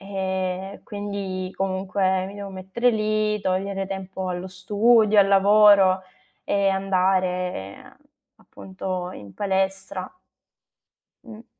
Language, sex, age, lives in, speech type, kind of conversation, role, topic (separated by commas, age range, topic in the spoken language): Italian, female, 25-29, Italy, conversation, advice, user, Come posso gestire l’ansia nel riprendere l’attività fisica dopo un lungo periodo di inattività?